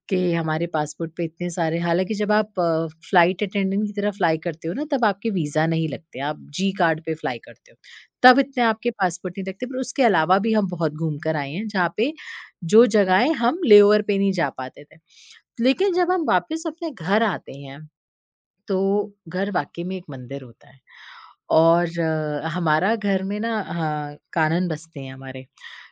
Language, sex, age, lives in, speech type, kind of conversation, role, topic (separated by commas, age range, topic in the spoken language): Hindi, female, 30-34, India, conversation, podcast, guest, क्या विदेश में कभी किसी यात्री ने आपको अपने घर बुलाया है?